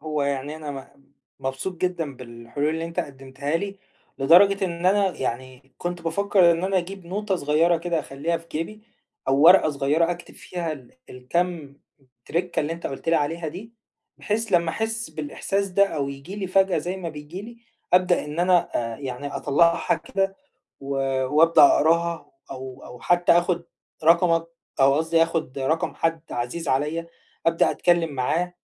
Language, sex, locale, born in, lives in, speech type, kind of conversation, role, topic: Arabic, male, ar-EG, Egypt, Egypt, conversation, advice, user, إزاي أتعلم أتقبل أخطائي بدل ما أخاف من الفشل كجزء من تقدمي؟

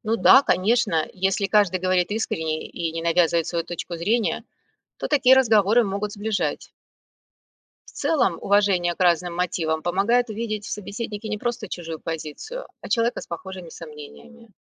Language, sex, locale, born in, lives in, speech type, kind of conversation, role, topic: Russian, female, ru-RU, Russia, United States, read, expert-assistant, expert, Есть ли у тебя любимые места или каналы, где люди делятся историями о том, почему решают идти голосовать или нет?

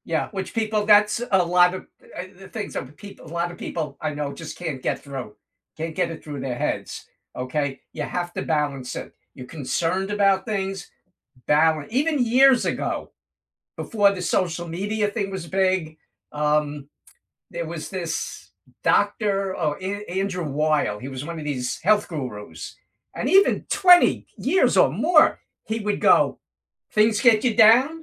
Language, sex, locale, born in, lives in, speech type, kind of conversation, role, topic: English, male, en-US, United States, United States, conversation, unstructured, none, What does balance look like for you?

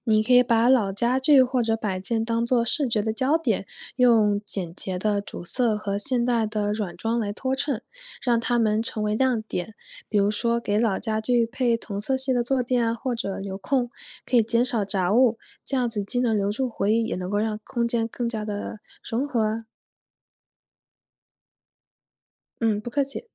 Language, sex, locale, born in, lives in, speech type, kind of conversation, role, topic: Chinese, female, zh-CN, China, United States, read, expert-assistant, expert, 在选择家居装饰风格时，如何平衡个人审美与家庭成员的不同喜好？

